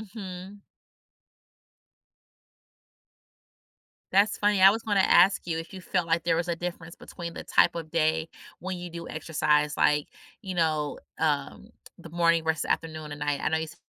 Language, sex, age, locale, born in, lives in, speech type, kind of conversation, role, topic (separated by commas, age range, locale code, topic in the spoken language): English, female, 35-39, en-US, United States, United States, conversation, podcast, host, In what ways can regular physical activity improve our emotional well-being?